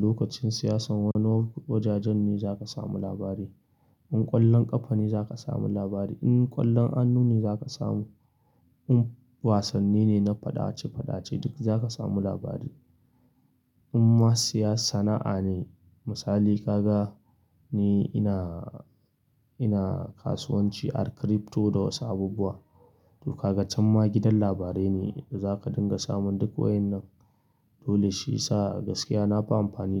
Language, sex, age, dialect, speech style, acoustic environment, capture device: Hausa, male, 25-34, Nigeria - Kano, happy, silence, mobile / Linux / Chrome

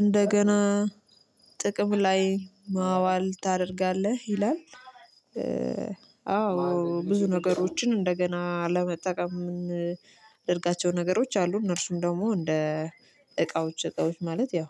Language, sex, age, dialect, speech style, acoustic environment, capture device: Amharic, female, 25-34, Ethiopia - Addis Ababa, relaxed; focused, silence, mobile / Linux / Chrome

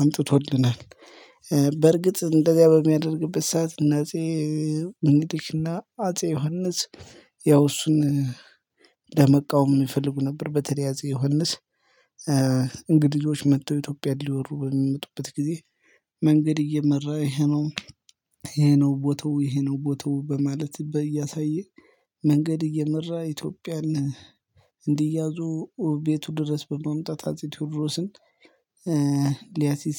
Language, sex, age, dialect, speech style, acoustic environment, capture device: Amharic, male, 25-34, Ethiopia - Gojjam, happy, silence, mobile / Linux / Chrome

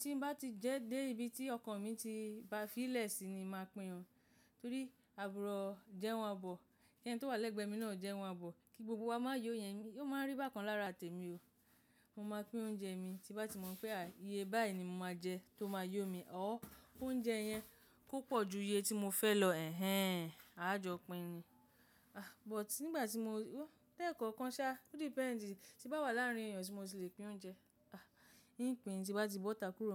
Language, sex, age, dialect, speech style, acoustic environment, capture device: Yoruba, female, 35-44, Nigeria - Lagos Yoruba, happy, nature, mobile / macOS / Safari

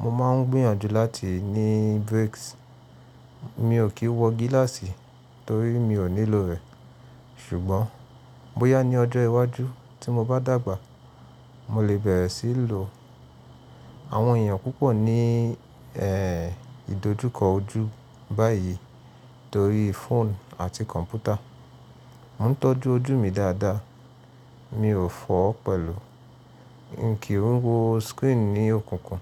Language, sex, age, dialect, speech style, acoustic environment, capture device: Yoruba, male, 25-34, Nigeria - Nigerian Standard, relaxed, silence; nature, mobile / Linux / Chrome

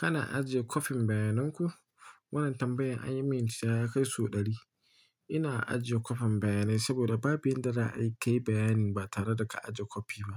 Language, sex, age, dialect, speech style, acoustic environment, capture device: Hausa, male, 25-34, Nigeria - Nigerian Standard, happy, nature; silence, mobile / Linux / Chrome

